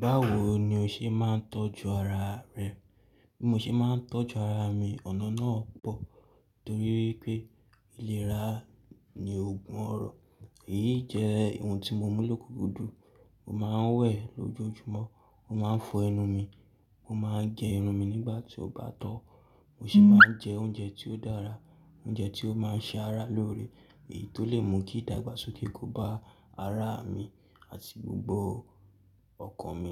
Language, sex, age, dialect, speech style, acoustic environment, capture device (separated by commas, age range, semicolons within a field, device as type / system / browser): Yoruba, male, 18-24, Nigeria - Lagos Yoruba, excited, nature, mobile / Linux / Chrome